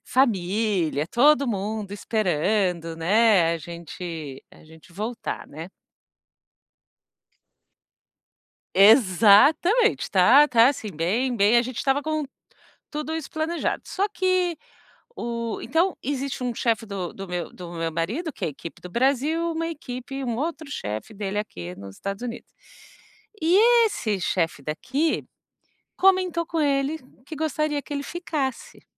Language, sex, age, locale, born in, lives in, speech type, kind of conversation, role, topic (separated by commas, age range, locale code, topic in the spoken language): Portuguese, female, 45-49, pt-BR, Brazil, United States, conversation, advice, user, Como posso entender as emoções confusas que estou sentindo?